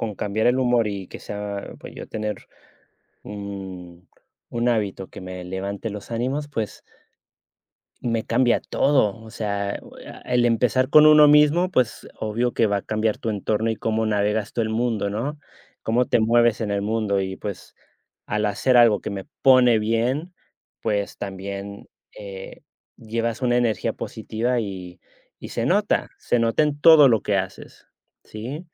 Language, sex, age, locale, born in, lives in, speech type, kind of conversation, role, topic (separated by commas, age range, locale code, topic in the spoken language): Spanish, male, 35-39, es-US, United States, Spain, conversation, unstructured, none, ¿Qué hábito diario crees que mejora tu vida?